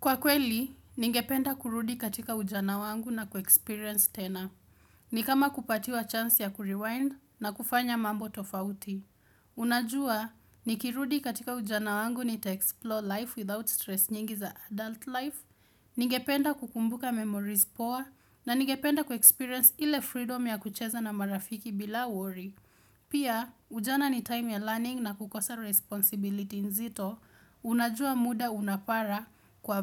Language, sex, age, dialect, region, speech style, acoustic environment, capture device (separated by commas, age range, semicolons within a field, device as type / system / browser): Swahili, female, 18-24, Kenya - Nairobi Sheng-influenced, Kenya, happy; relaxed; excited; focused, silence; appliances, desktop / Windows / Chrome